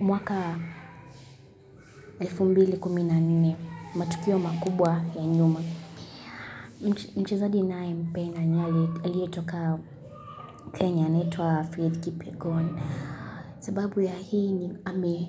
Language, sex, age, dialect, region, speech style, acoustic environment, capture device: Swahili, female, 18-24, Kenya - Kitui, Kenya, happy, silence, mobile / Linux / Chrome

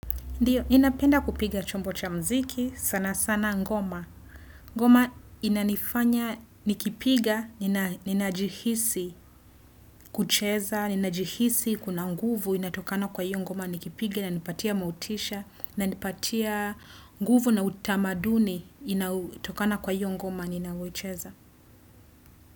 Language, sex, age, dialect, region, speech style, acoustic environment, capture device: Swahili, female, 25-34, Kenya - Nairobi Sheng-influenced, Kenya, happy, silence, desktop / Windows / Chrome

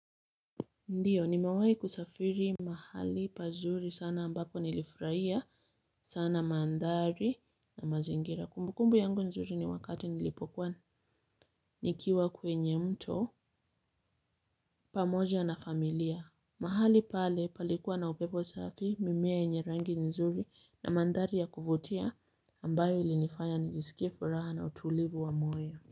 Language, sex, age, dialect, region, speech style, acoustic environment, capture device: Swahili, female, 25-34, Kenya - Mombasa, Kenya, relaxed, silence, mobile / Linux / Chrome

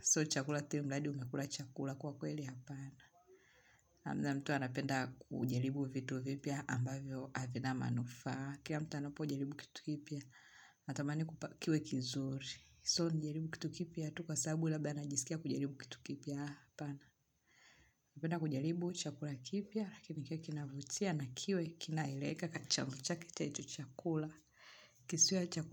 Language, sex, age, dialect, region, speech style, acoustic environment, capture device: Swahili, female, 25-34, Tanzania - Dar es Salaam, Tanzania, focused; tired; relaxed, nature; music; silence; appliances, mobile / Linux / Chrome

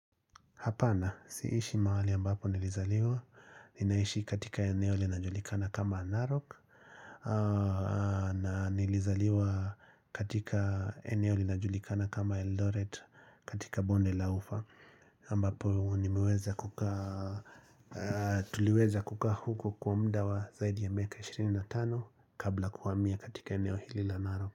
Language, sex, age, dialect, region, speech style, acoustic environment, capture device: Swahili, male, 35-44, Kenya - Nairobi Sheng-influenced, Kenya, relaxed, silence, mobile / Linux / Chrome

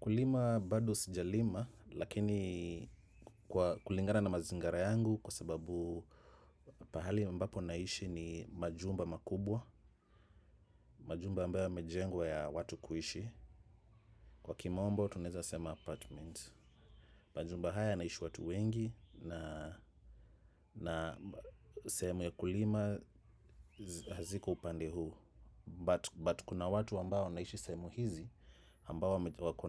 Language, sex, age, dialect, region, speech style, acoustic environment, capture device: Swahili, male, 35-44, Kenya - Nairobi Sheng-influenced, Kenya, relaxed, people talking; nature; traffic, desktop / macOS / Chrome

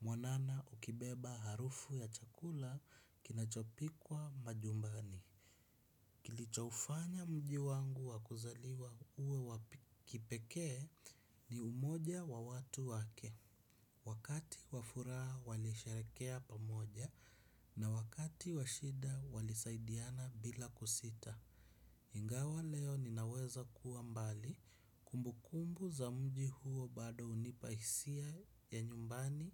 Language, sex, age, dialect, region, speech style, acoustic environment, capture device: Swahili, male, 25-34, Kenya - Mombasa, Kenya, relaxed, silence, desktop / Windows / Chrome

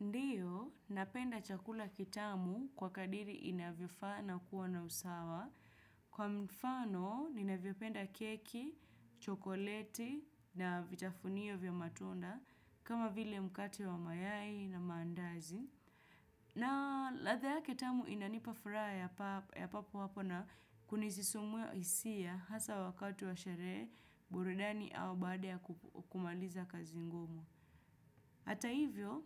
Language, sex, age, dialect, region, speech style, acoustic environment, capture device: Swahili, female, 25-34, Kenya - Nairobi Sheng-influenced, Kenya, relaxed; focused, nature; silence, desktop / Windows / Chrome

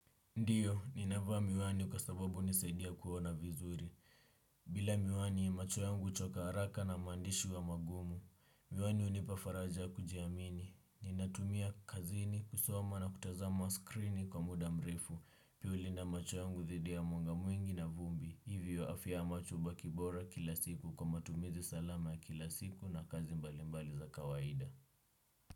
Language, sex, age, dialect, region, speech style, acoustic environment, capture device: Swahili, male, 18-24, Kenya - Nairobi Sheng-influenced, Kenya, relaxed, nature, desktop / Windows / Chrome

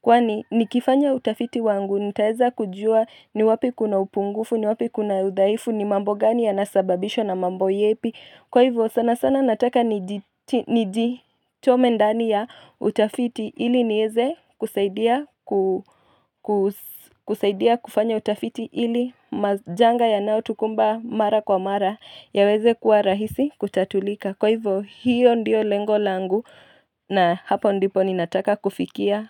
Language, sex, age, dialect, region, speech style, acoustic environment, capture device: Swahili, female, 25-34, Kenya - Nairobi Sheng-influenced, Kenya, anxious, nature, mobile / Linux / Chrome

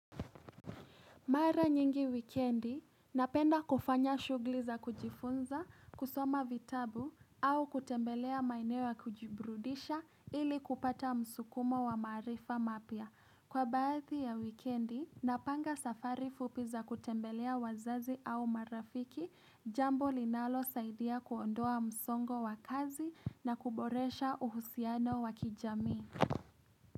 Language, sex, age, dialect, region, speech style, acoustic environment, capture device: Swahili, female, 18-24, Kenya - Nairobi Sheng-influenced, Kenya, happy; excited; relaxed, appliances, mobile / Linux / Chrome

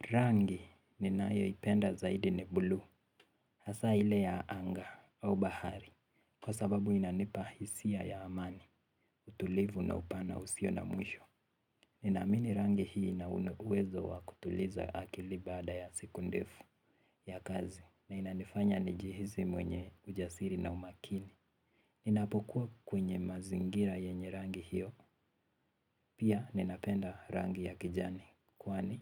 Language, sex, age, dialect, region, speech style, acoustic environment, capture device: Swahili, male, 18-24, Kenya - Nairobi Sheng-influenced, Kenya, relaxed; happy; focused, silence, mobile / Linux / Chrome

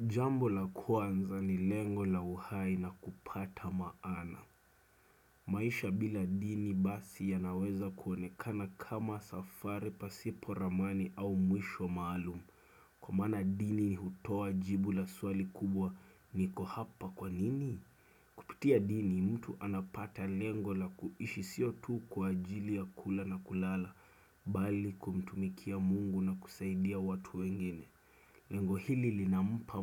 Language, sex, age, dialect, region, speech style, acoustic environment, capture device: Swahili, male, 25-34, Kenya - Nairobi Sheng-influenced, Kenya, focused; excited, silence, desktop / Windows / Chrome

